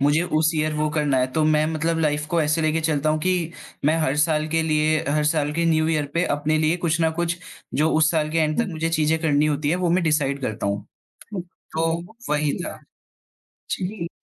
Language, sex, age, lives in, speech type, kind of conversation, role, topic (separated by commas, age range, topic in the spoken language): Hindi, male, 20-24, India, conversation, podcast, guest, Kisi trip mein aapke saath hua koi travel mishap kya tha?